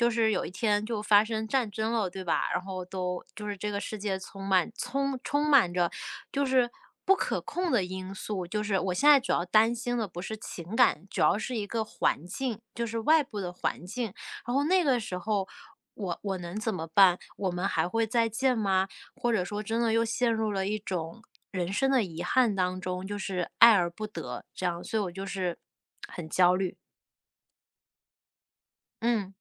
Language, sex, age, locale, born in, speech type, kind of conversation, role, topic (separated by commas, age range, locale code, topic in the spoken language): Chinese, female, 30-34, zh-CN, China, conversation, advice, user, 我该如何适应生活中的变化带来的不确定和焦虑？